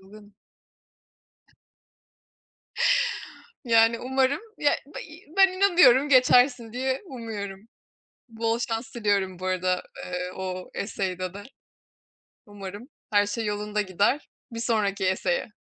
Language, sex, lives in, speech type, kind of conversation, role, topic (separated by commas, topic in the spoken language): Turkish, female, Germany, conversation, unstructured, none, Son zamanlarda seni en çok etkileyen haber hangisiydi?